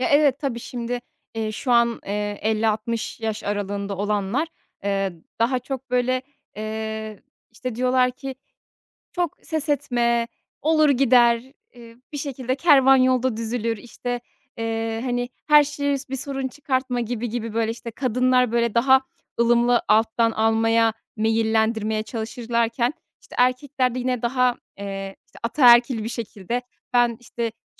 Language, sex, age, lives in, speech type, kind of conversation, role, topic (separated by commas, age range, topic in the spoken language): Turkish, female, 30-34, Netherlands, conversation, podcast, guest, Evlilik ve ilişkilerde kuşakların beklentileri nasıl değişti?